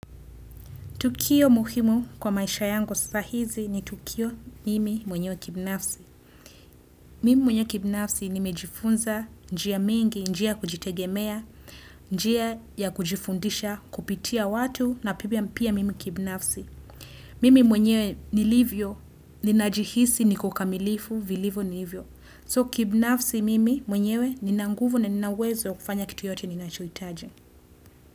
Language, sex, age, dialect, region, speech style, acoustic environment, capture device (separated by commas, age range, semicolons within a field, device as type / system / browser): Swahili, female, 25-34, Kenya - Nairobi Sheng-influenced, Kenya, happy, silence, desktop / Windows / Chrome